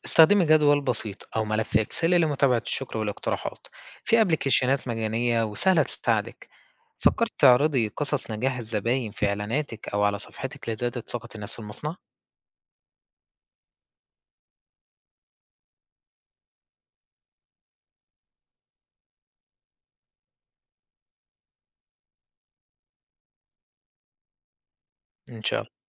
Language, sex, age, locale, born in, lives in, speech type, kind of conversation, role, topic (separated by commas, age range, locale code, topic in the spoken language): Arabic, male, 20-24, ar-EG, Egypt, Egypt, read, expert-assistant, expert, إزاي ممكن الشركات تلاقي طرق مختلفة علشان تحقق ميزة تنافسية بعيد عن الأسعار؟